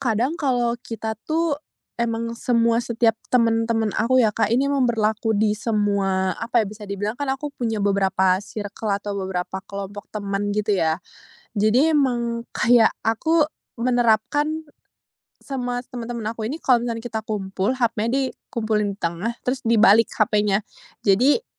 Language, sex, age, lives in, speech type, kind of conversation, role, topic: Indonesian, female, 20-24, Indonesia, conversation, podcast, guest, Apa trikmu agar tidak kecanduan media sosial?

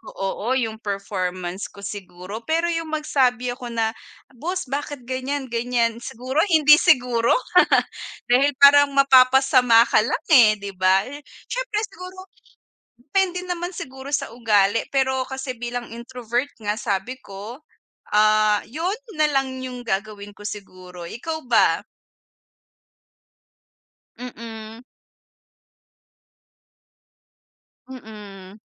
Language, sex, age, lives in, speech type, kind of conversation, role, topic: Filipino, female, 25-29, Philippines, conversation, unstructured, none, Ano ang masasabi mo tungkol sa pagkiling sa mga empleyado sa trabaho?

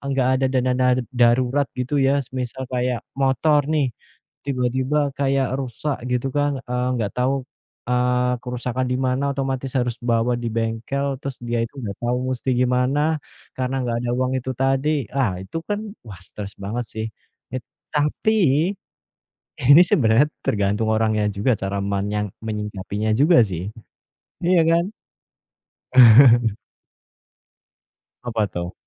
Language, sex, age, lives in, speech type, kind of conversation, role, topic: Indonesian, male, 30-34, Indonesia, conversation, unstructured, none, Apa yang membuat orang sering merasa stres tentang uang?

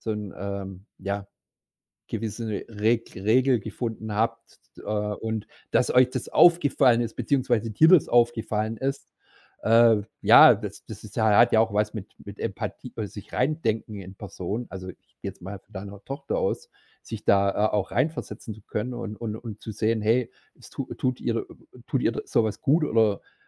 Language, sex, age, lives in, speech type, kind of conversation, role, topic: German, male, 45-49, Germany, conversation, podcast, host, Wie regelt ihr bei euch zu Hause die Handy- und Bildschirmzeiten?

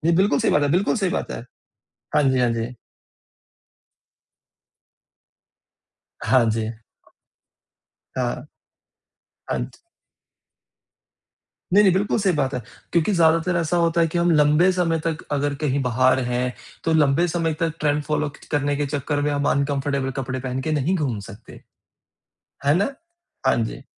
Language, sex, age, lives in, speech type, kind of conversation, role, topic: Hindi, male, 30-34, India, conversation, podcast, host, Style choose karte waqt tum comfort aur trend ke beech ka balance kaise banate ho?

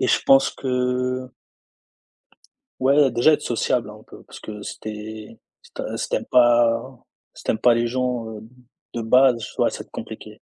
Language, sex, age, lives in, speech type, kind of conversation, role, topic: French, male, 20-24, France, conversation, podcast, guest, Préférez-vous créer seul ou en groupe, et pourquoi ?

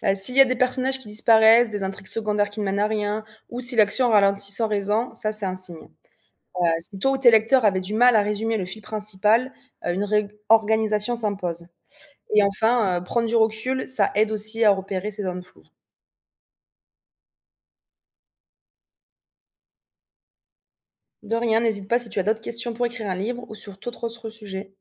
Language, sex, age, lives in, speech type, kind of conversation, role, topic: French, female, 25-29, France, read, expert-assistant, expert, Quelles étapes clés pour organiser l’écriture d’une histoire originale ?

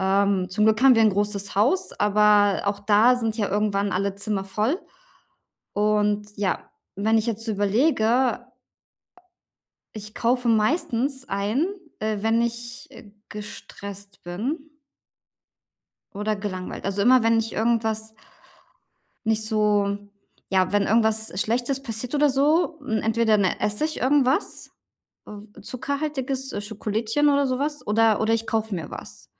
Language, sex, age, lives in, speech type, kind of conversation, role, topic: German, female, 35-39, Germany, conversation, advice, user, Wie kann ich impulsive Käufe bei Stress und Langeweile stoppen?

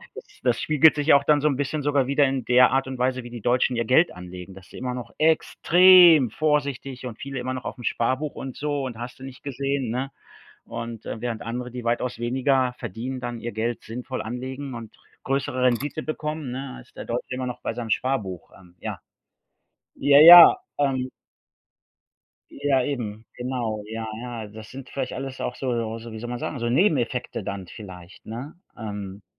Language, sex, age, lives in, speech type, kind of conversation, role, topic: German, male, 45-49, Germany, conversation, unstructured, none, Wie sollten Medien deiner Meinung nach stärker über positive Ereignisse berichten?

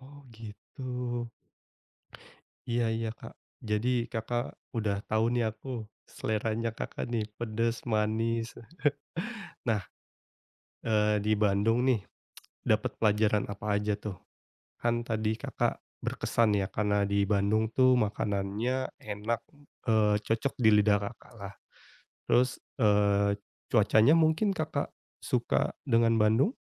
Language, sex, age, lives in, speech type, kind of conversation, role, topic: Indonesian, male, 30-34, Indonesia, conversation, podcast, host, Apa pelajaran terbesar yang kamu dapat dari perjalananmu?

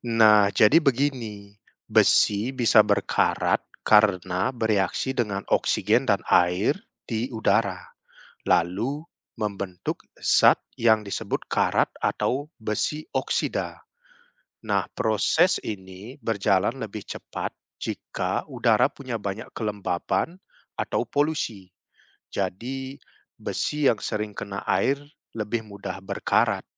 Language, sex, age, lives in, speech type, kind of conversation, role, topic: Indonesian, male, 30-34, Netherlands, read, expert-assistant, expert, Mengapa besi bisa berkarat?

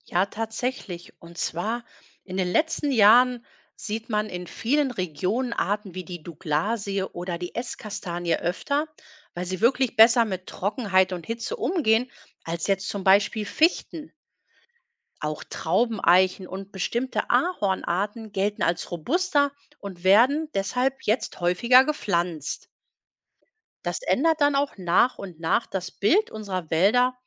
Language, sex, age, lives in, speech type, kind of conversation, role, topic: German, female, 45-49, Germany, read, expert-assistant, expert, Warum gibt es Jahreszeiten?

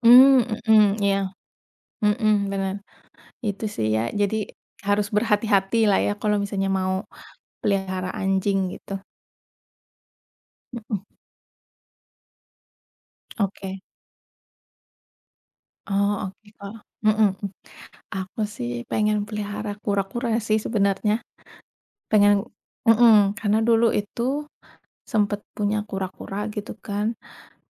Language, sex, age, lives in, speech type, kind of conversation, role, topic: Indonesian, female, 30-34, Indonesia, conversation, unstructured, none, Apa pengalaman paling berkesan yang pernah kamu alami bersama hewan peliharaan?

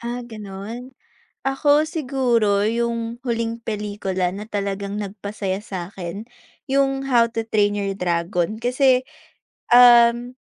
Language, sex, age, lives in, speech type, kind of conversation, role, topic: Filipino, female, 20-24, Philippines, conversation, unstructured, none, Ano ang huling pelikulang talagang nagpasaya sa’yo?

